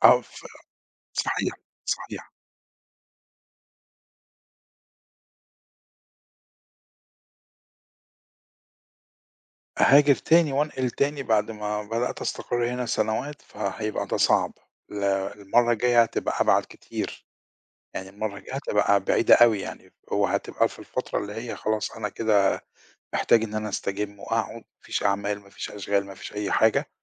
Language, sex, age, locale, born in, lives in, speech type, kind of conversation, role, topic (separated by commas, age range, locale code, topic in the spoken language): Arabic, male, 50-54, ar-EG, Egypt, Portugal, conversation, podcast, guest, إزاي الهجرة غيّرت نظرتك لهويتك؟